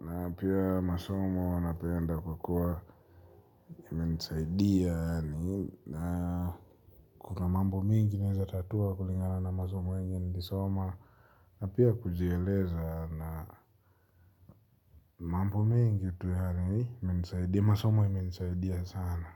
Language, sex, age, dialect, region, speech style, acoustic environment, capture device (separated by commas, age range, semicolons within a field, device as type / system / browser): Swahili, male, 25-34, Kenya - Nairobi Sheng-influenced, Kenya, anxious, nature, mobile / Linux / Chrome